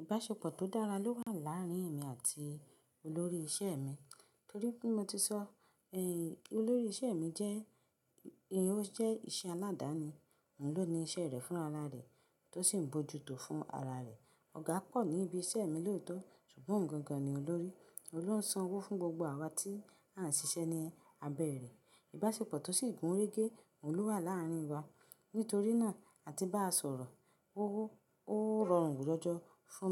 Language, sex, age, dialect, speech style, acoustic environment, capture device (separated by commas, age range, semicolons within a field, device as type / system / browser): Yoruba, female, 25-34, Nigeria - Ibadan, happy; relaxed; excited; focused, silence, mobile / Linux / Chrome